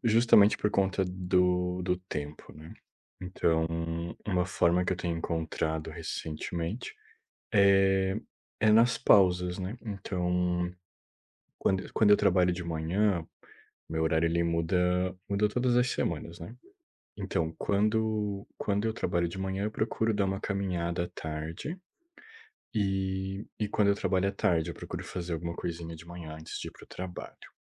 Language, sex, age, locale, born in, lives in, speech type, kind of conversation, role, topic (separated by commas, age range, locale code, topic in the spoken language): Portuguese, male, 30-34, pt-BR, Brazil, Portugal, conversation, unstructured, none, Como você encontra tempo para praticar exercícios físicos?